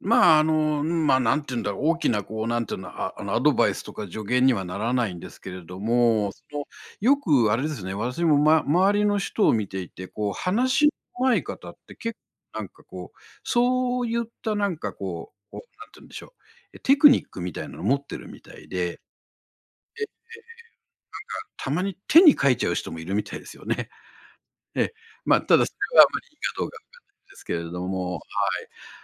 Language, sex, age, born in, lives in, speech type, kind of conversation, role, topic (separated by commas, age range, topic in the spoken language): Japanese, male, 60-64, Japan, Japan, conversation, advice, advisor, 人前で話すと緊張して声が震え、話すことを避けてしまうのですが、どうすれば克服できますか？